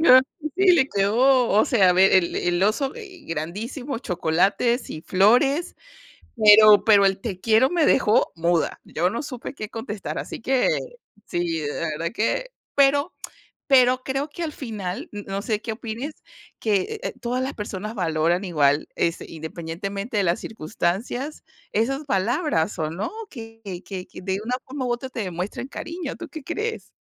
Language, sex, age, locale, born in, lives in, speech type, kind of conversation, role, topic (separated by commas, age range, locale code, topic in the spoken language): Spanish, female, 40-44, es-VE, Venezuela, United States, conversation, unstructured, none, ¿Cuándo es un buen momento para decir "te quiero"?